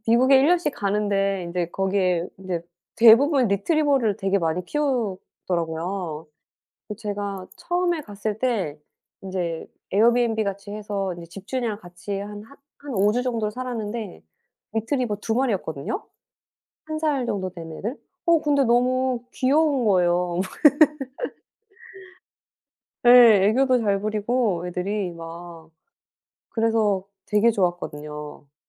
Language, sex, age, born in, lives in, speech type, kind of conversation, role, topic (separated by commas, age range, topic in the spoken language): Korean, female, 40-44, South Korea, United States, conversation, unstructured, none, 고양이와 강아지 중 어떤 반려동물이 더 매력적이라고 생각하시나요?